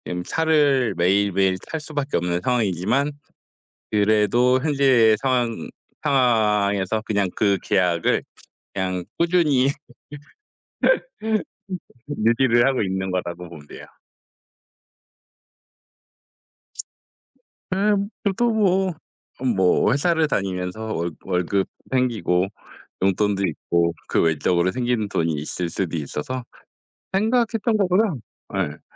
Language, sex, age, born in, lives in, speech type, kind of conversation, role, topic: Korean, male, 40-44, South Korea, South Korea, conversation, unstructured, none, 비상금이 없으면 어떤 상황이 가장 걱정되시나요?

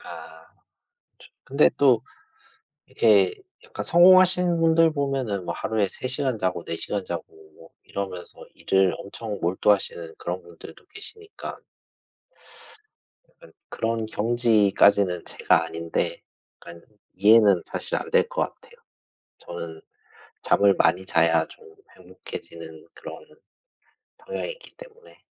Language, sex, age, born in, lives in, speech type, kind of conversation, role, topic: Korean, male, 40-44, South Korea, Japan, conversation, unstructured, none, 하루 종일 잠을 잘 수 있는 능력과 하루 종일 깨어 있을 수 있는 능력 중 어떤 것이 더 좋을까요?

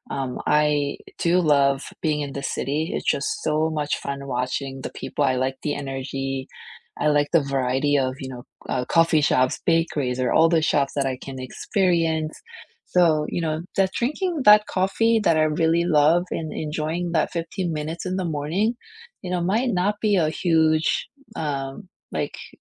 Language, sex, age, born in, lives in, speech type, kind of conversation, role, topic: English, female, 40-44, South Korea, United States, conversation, unstructured, none, What big or small moments made you feel grateful this week, and why did they matter to you?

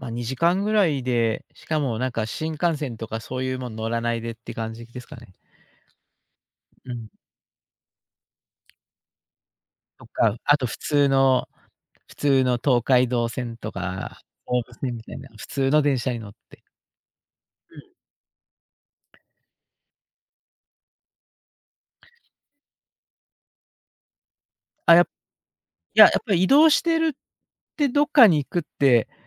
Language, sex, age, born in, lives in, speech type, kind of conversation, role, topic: Japanese, male, 60-64, Japan, Japan, conversation, podcast, guest, 心が折れそうなとき、具体的にどう対処すればよいですか？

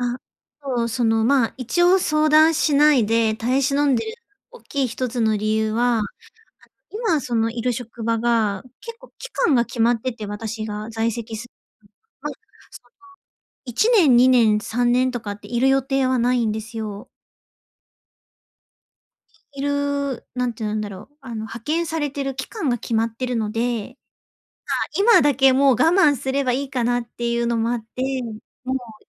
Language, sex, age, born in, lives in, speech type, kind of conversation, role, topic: Japanese, female, 30-34, Japan, Japan, conversation, advice, user, ストレスは買い物の仕方にどのように影響しますか？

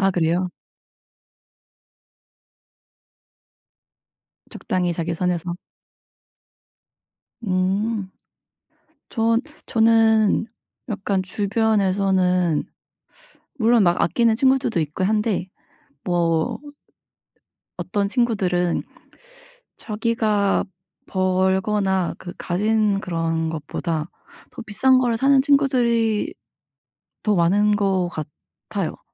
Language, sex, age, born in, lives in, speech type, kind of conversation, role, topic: Korean, female, 30-34, South Korea, United States, conversation, unstructured, none, 돈을 아끼면서도 행복하게 지낼 수 있는 방법은 무엇인가요?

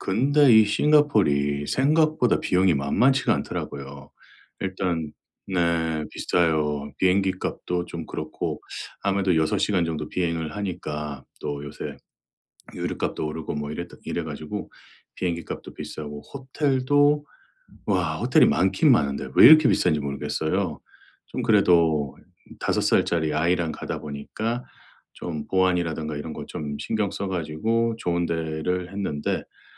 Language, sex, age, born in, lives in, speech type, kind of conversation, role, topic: Korean, male, 45-49, South Korea, United States, conversation, advice, user, 예산 안에서 즐거운 여행을 알뜰하게 계획하려면 어떻게 해야 하나요?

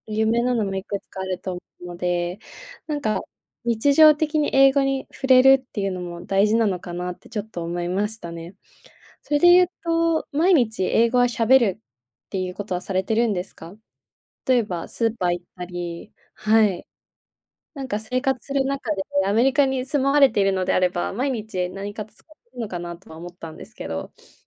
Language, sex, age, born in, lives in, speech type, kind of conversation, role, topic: Japanese, female, 20-24, Japan, Japan, conversation, advice, advisor, 進捗が見えず達成感が持てず、やる気が続かないときはどうすればよいですか？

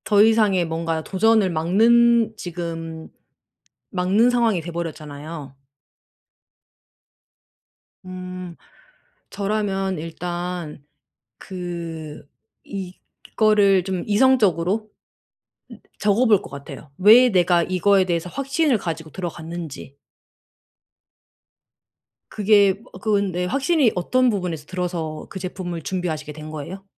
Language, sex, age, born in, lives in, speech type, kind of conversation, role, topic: Korean, female, 35-39, South Korea, Germany, conversation, advice, advisor, 실수나 실패를 두려워하지 않도록 어떻게 마음을 바꿀 수 있나요?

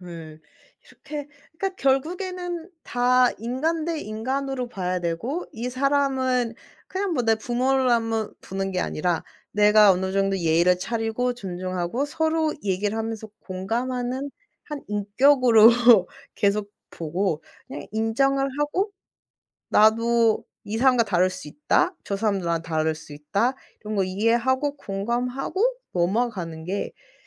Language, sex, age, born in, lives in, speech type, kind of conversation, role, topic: Korean, female, 25-29, South Korea, Germany, conversation, podcast, guest, 부모 세대의 가치관이 당신의 삶에 어떤 영향을 미치나요?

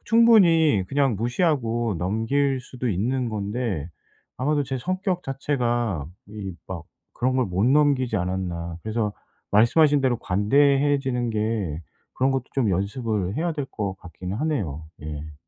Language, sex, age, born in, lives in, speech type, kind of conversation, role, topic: Korean, male, 45-49, South Korea, South Korea, conversation, advice, user, 집에서 편하게 쉬는 게 왜 자주 어려운가요?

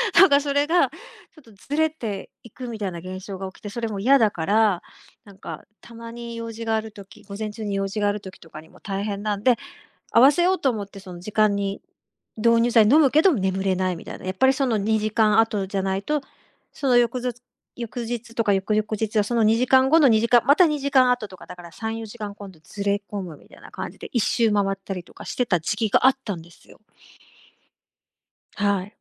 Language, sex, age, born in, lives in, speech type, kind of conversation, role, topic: Japanese, female, 50-54, Japan, Japan, conversation, podcast, guest, 睡眠の質を上げるために、どんな工夫をしていますか？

